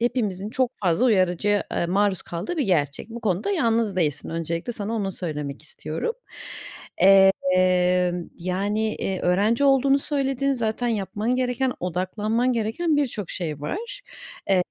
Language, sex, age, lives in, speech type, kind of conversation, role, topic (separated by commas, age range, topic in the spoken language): Turkish, female, 30-34, Germany, conversation, advice, advisor, Sık kesintiler yüzünden odaklanmakta neden zorlanıyorum?